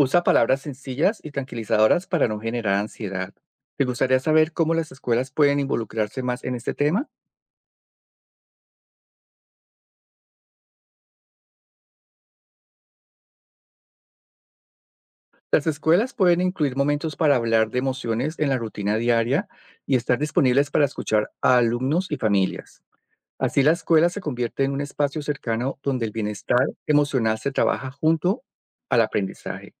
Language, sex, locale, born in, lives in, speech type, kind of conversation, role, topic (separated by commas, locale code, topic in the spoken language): Spanish, male, es-CO, Colombia, United States, read, expert-assistant, expert, ¿Qué significa 'salud mental' y por qué es importante en la vida diaria?